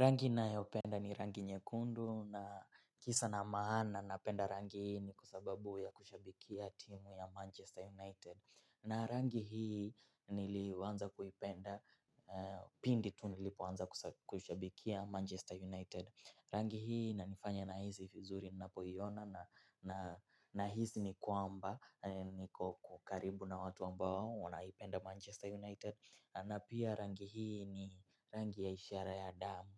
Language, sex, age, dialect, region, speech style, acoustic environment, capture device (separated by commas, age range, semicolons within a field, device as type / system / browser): Swahili, male, 18-24, Kenya - Mombasa, Kenya, excited; focused; relaxed, silence, mobile / Linux / Chrome